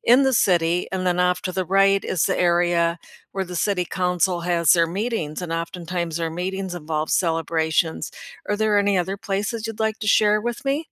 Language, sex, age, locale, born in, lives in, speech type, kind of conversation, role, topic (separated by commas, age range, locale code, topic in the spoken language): English, female, 65-69, en-US, United States, United States, conversation, unstructured, none, Which place in your neighborhood do you cherish most?